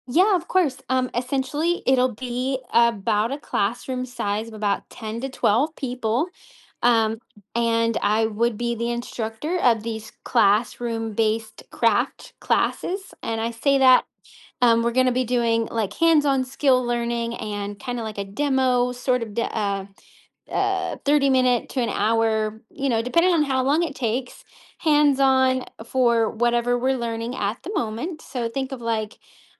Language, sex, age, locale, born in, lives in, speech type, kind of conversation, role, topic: English, female, 30-34, en-US, United States, United States, conversation, advice, user, How can I build confidence for a speech?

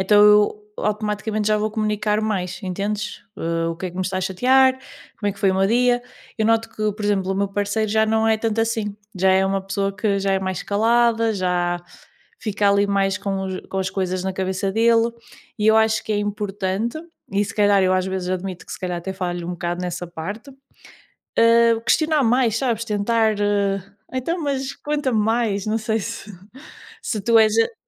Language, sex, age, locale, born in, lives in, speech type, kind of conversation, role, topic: Portuguese, female, 30-34, pt-PT, Portugal, Portugal, conversation, unstructured, none, O que você acha importante em um relacionamento saudável?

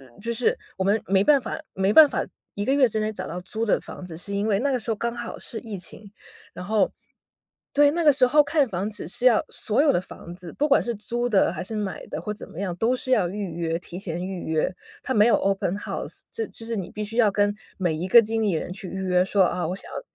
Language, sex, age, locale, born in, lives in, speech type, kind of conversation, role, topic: Chinese, female, 30-34, zh-CN, China, United States, conversation, podcast, guest, 家庭理财时，怎样和另一半谈钱？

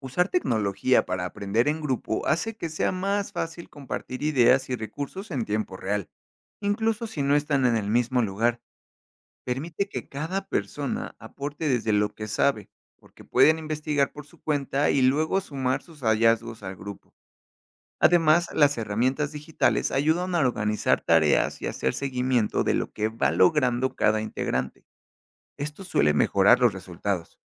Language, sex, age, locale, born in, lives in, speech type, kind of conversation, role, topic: Spanish, male, 30-34, es-MX, Mexico, Mexico, read, expert-assistant, expert, ¿Qué impacto tiene la tecnología en la forma en que estudiamos hoy?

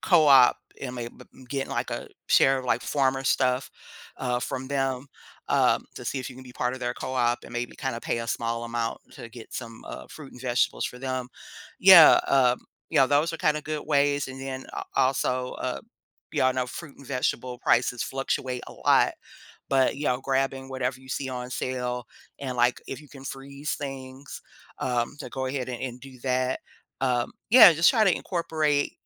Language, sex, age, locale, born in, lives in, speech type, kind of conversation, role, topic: English, female, 50-54, en-US, United States, United States, conversation, podcast, guest, What are some practical ways to make delicious and healthy meals without spending a lot of money?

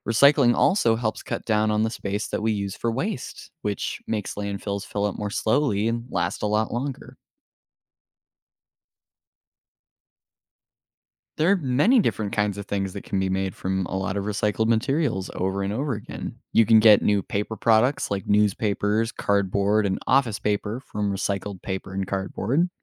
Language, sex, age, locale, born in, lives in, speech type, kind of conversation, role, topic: English, male, 18-19, en-US, United States, United States, read, expert-assistant, expert, How does recycling help reduce waste in landfills?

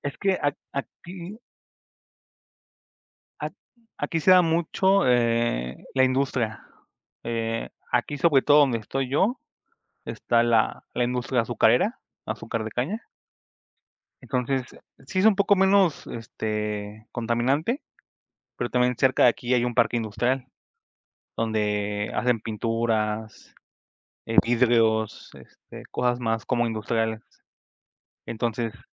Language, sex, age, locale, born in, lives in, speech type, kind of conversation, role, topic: Spanish, male, 25-29, es-MX, Mexico, Mexico, conversation, unstructured, none, ¿Qué opinas sobre la contaminación que afecta a nuestros ríos?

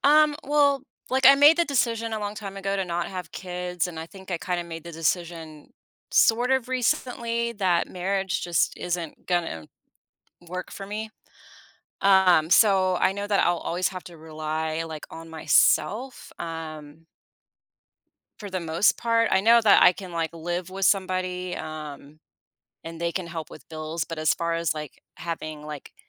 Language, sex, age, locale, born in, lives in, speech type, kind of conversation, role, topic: English, female, 40-44, en-US, United States, United States, conversation, advice, user, How can I break my long-term goal into daily steps?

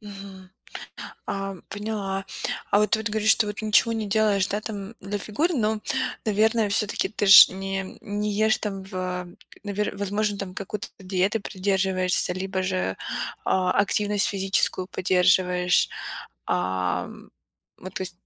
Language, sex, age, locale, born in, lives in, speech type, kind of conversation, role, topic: Russian, female, 25-29, ru-RU, Ukraine, United States, conversation, advice, advisor, Почему вам трудно принимать комплименты?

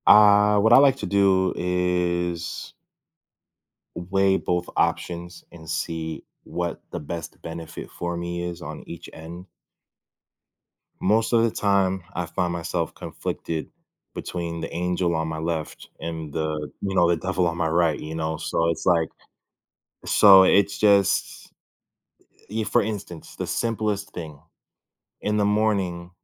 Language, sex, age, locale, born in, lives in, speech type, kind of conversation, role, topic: English, male, 25-29, en-US, United States, United States, conversation, podcast, guest, How do you approach making difficult decisions when you feel uncertain?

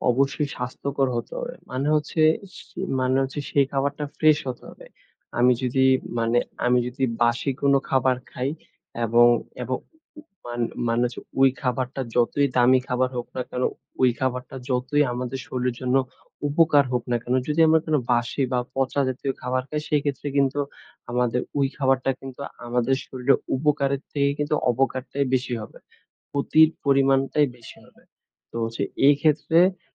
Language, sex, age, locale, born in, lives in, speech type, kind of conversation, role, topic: Bengali, male, 20-24, bn-BD, Bangladesh, Bangladesh, conversation, podcast, guest, খাবার বাছাই করার সময় আপনি কোন কোন নীতি অনুসরণ করেন?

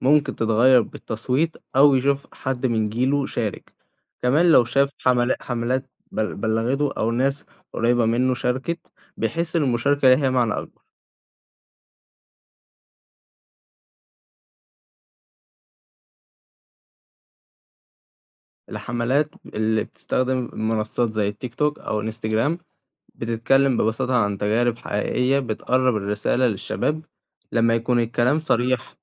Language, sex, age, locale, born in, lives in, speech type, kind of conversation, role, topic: Arabic, male, 18-19, ar-EG, Egypt, Egypt, read, expert-assistant, expert, ليه الناس ساعات بتصوت في الانتخابات و ساعات لأ؟ إيه الأسباب اللي بتخلي ناس تشارك سياسياً و ناس تانية تفضل تبعد؟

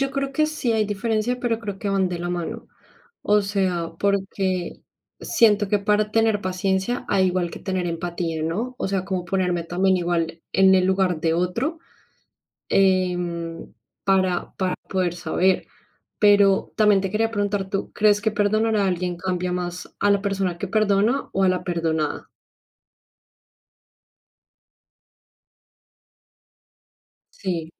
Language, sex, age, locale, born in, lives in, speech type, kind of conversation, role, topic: Spanish, female, 25-29, es-CO, Colombia, Spain, conversation, unstructured, none, ¿Qué importancia tiene el perdón en tu crecimiento personal?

